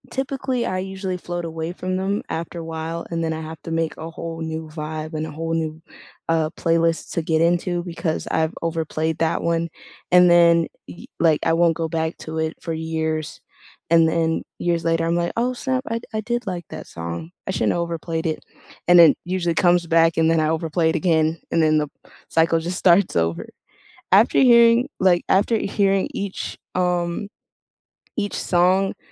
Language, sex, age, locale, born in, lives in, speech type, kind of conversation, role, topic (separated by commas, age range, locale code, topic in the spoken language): English, female, 18-19, en-US, United States, United States, conversation, unstructured, none, What song have you had on repeat lately, and how does it make you feel?